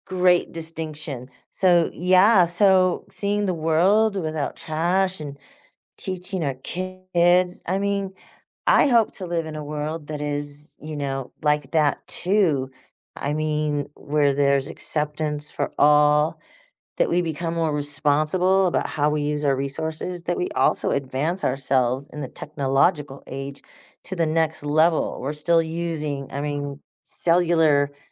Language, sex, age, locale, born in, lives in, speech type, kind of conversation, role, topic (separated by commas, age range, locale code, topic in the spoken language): English, female, 60-64, en-US, United States, United States, conversation, podcast, host, What inspires your vision for a better future?